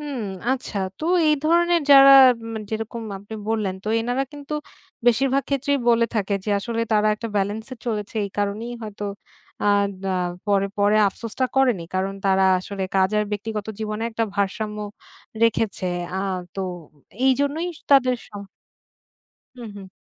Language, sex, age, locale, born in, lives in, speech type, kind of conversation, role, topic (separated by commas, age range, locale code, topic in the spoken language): Bengali, female, 30-34, bn-BD, Bangladesh, Bangladesh, conversation, podcast, host, কাজ আর ব্যক্তিজীবনের মধ্যে সুস্থ সীমারেখা ঠিক রাখতে আপনি কী করেন?